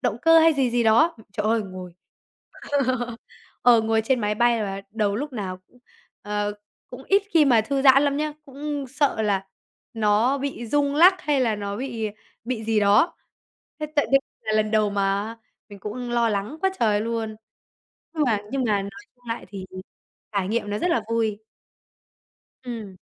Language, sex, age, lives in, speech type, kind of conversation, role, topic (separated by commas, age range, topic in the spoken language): Vietnamese, male, 20-24, Vietnam, conversation, podcast, guest, Hồi nhỏ, điều gì khiến bạn tò mò nhất?